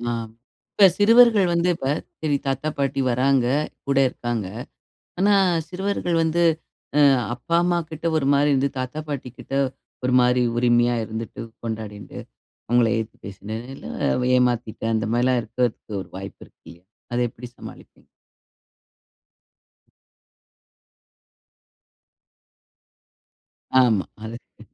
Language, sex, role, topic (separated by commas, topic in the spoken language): Tamil, female, host, சிறுவர்களின் திரை நேரத்திற்கு நீங்கள் எந்த எல்லைகளை அமைத்திருக்கிறீர்கள், அதை எப்படிப் பின்பற்றச் செய்கிறீர்கள்?